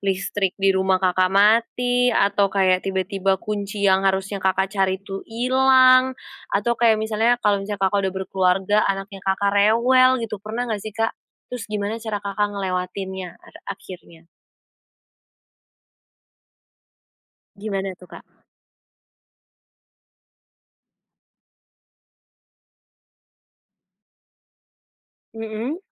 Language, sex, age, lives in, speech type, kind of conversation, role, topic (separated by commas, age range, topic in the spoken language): Indonesian, female, 20-24, Indonesia, conversation, podcast, host, Apa yang biasanya kamu siapkan malam sebelumnya supaya pagimu lebih lancar?